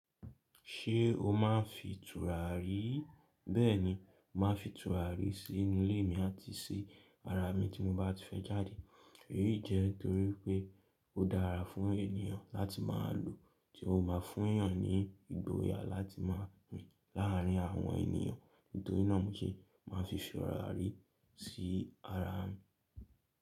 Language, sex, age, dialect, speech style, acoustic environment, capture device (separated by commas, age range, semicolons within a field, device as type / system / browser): Yoruba, male, 18-24, Nigeria - Lagos Yoruba, happy, nature, mobile / Linux / Chrome